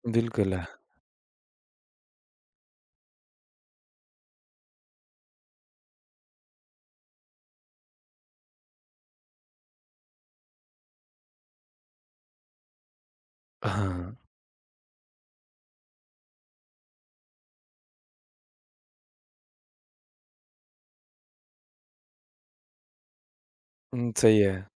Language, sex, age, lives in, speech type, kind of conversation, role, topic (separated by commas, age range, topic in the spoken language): Hindi, male, 25-29, India, conversation, podcast, host, इमानदारी और दयालुता के बीच संतुलन कैसे बनाए रखें?